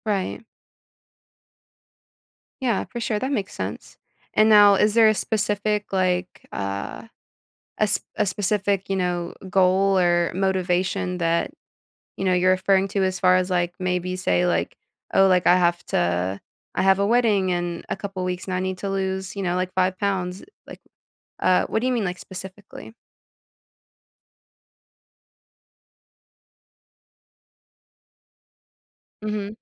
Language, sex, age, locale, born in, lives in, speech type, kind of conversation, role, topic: English, female, 25-29, en-US, United States, United States, conversation, podcast, host, What helps you keep up a consistent exercise routine over time?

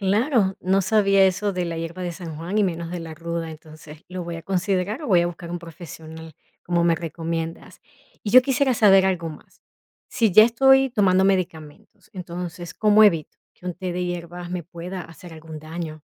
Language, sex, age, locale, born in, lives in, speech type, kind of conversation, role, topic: Spanish, female, 40-44, es-VE, Venezuela, United States, read, expert-assistant, asker, ¿Es verdad que algunas plantas usadas en la medicina tradicional curan igual que los medicamentos modernos?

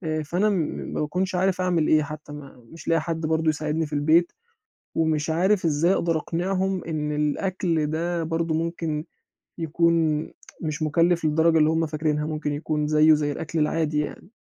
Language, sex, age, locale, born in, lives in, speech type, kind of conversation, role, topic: Arabic, male, 20-24, ar-EG, Egypt, Egypt, conversation, advice, user, إزاي أختار أكل صحي وأنا ميزانيتي محدودة؟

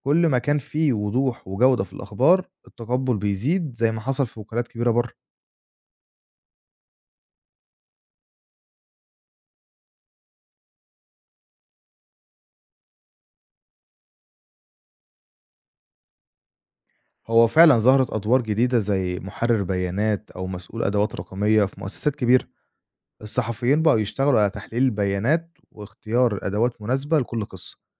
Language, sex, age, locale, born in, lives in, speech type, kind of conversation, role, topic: Arabic, male, 25-29, ar-EG, Egypt, Egypt, read, expert-assistant, expert, إيه الكلفة والفوايد لو المؤسسات الإعلامية في مصر بدأت تعتمد على الذكاء الاصطناعي في تغطية الأخبار؟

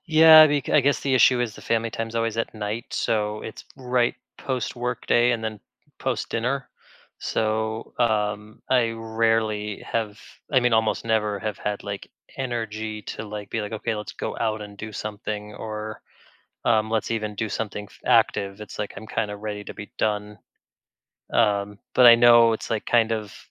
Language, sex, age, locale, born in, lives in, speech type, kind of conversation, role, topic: English, male, 35-39, en-US, United States, United States, conversation, advice, user, How can I manage my time better?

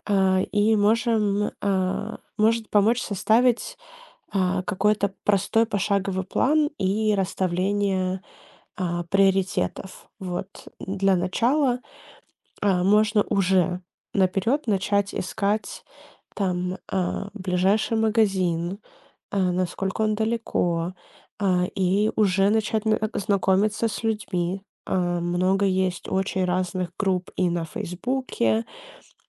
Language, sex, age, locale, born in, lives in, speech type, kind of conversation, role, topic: Russian, female, 25-29, ru-RU, Ukraine, United States, conversation, advice, advisor, Почему вы рассматриваете переезд в другой город или страну?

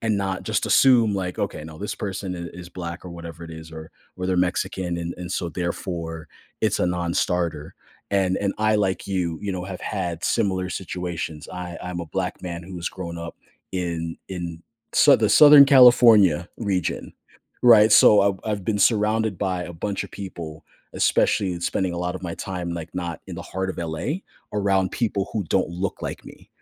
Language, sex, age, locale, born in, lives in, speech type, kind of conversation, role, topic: English, male, 35-39, en-US, United States, United States, conversation, podcast, host, What helps people build stronger connections across different cultures and experiences?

